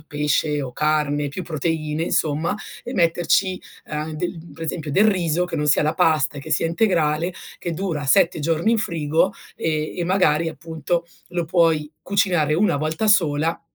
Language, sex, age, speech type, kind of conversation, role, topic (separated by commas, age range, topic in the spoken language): Italian, female, 40-44, conversation, advice, advisor, Perché ricado spesso nelle mie vecchie abitudini alimentari e come posso evitarlo?